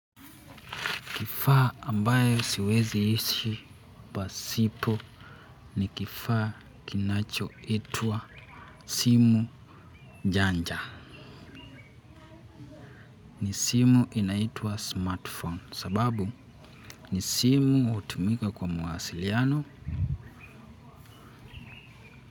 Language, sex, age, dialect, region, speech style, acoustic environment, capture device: Swahili, male, 18-24, Kenya - Nairobi Sheng-influenced, Kenya, happy; relaxed; focused, silence; nature, mobile / Linux / Chrome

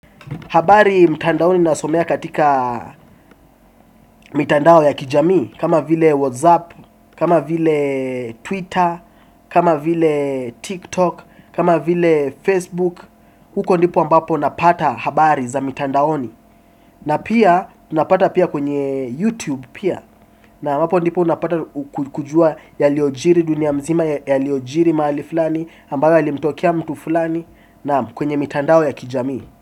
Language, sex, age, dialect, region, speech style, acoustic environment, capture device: Swahili, male, 18-24, Kenya - Mombasa, Kenya, relaxed, appliances, desktop / Windows / Chrome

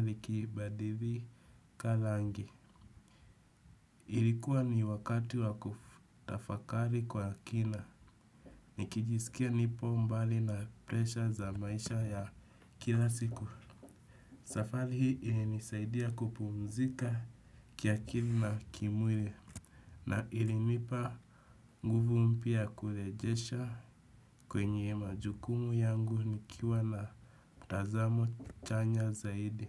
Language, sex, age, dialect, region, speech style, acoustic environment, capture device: Swahili, male, 18-24, Kenya - Nairobi Sheng-influenced, Kenya, relaxed, nature, desktop / Windows / Chrome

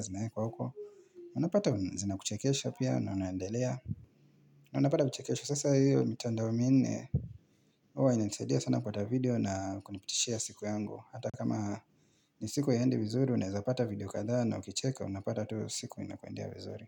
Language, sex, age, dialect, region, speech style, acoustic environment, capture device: Swahili, male, 25-34, Kenya - Mombasa, Kenya, stressed; focused; relaxed, nature, mobile / Linux / Chrome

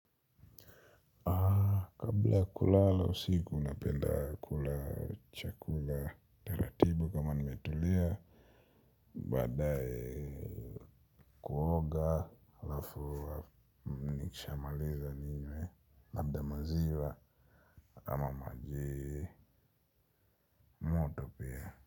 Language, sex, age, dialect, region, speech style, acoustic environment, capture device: Swahili, male, 25-34, Kenya - Nairobi Sheng-influenced, Kenya, relaxed, nature, mobile / Linux / Chrome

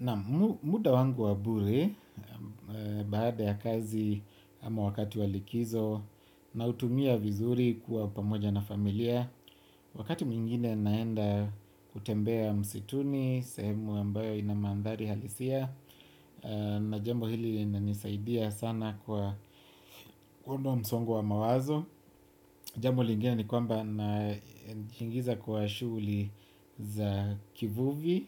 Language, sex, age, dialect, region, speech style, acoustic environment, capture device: Swahili, male, 25-34, Kenya - Nairobi Sheng-influenced, Kenya, happy; relaxed; focused; excited, silence, desktop / Windows / Chrome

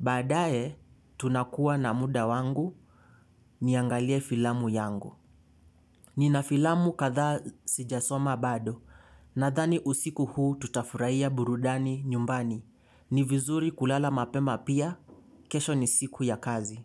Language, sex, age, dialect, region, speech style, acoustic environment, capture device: Swahili, male, 18-24, Kenya - Mombasa, Kenya, excited, silence, mobile / Linux / Chrome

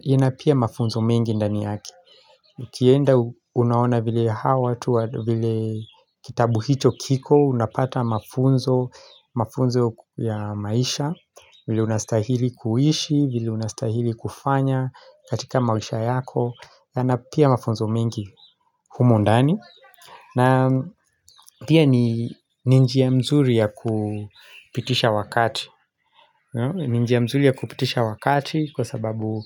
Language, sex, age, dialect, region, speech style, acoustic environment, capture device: Swahili, male, 25-34, Kenya - Nairobi Sheng-influenced, Kenya, relaxed, nature; silence, mobile / Linux / Chrome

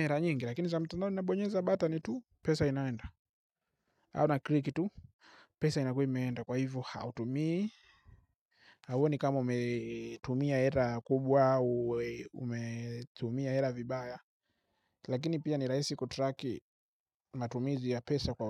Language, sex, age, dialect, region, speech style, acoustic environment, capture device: Swahili, male, 25-34, Tanzania - Dar es Salaam, Tanzania, happy; focused; relaxed, silence, mobile / Linux / Chrome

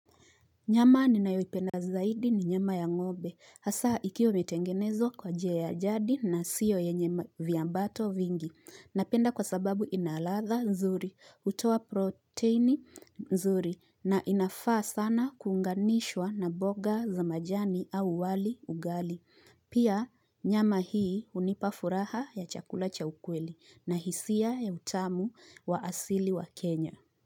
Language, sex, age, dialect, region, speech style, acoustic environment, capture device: Swahili, female, 25-34, Kenya - Nairobi Sheng-influenced, Kenya, relaxed, appliances, mobile / Linux / Chrome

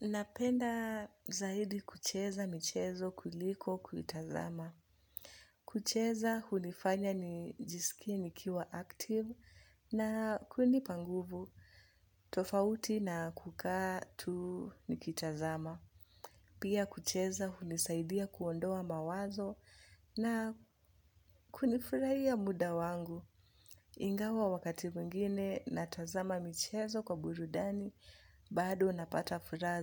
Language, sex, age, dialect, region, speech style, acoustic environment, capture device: Swahili, female, 25-34, Kenya - Nairobi Sheng-influenced, Kenya, relaxed; focused, music; nature, mobile / Linux / Chrome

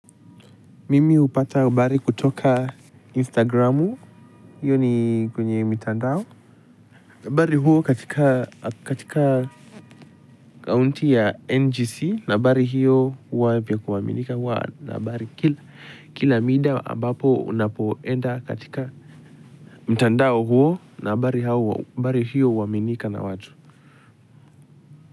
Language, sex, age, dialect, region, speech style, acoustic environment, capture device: Swahili, male, 18-24, Kenya - Nairobi Sheng-influenced, Kenya, happy, nature, mobile / Linux / Chrome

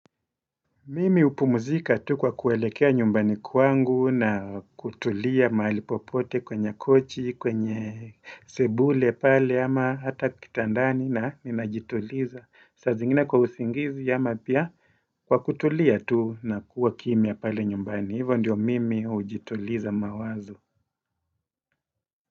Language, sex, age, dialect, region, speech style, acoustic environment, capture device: Swahili, male, 35-44, Kenya - Mombasa, Kenya, happy; relaxed; focused, silence; nature, desktop / Windows / Edge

